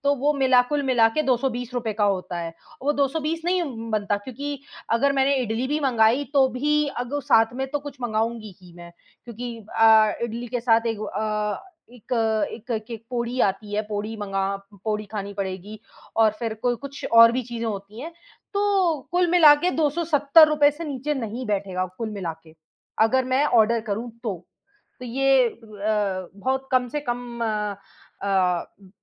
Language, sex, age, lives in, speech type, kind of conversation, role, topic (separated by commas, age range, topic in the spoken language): Hindi, female, 25-29, India, conversation, advice, user, नौकरी की वजह से रोज़ फास्ट फ़ूड खाना पड़ रहा है